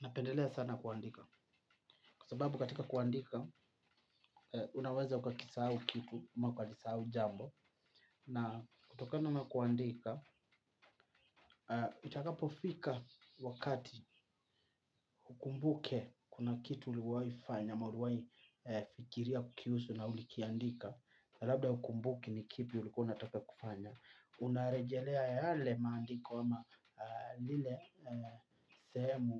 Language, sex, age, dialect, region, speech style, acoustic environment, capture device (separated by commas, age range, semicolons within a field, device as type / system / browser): Swahili, male, 25-34, Kenya - Mombasa, Kenya, happy, nature, mobile / Linux / Chrome